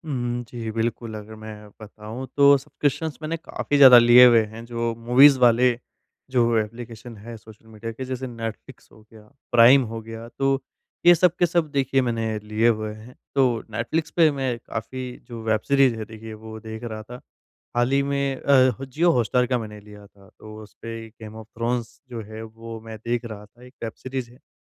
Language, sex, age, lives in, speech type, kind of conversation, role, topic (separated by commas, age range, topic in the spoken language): Hindi, female, 45-49, India, conversation, advice, user, मैं अपने डिजिटल जीवन को कम से कम और व्यवस्थित कैसे कर सकता/सकती हूँ?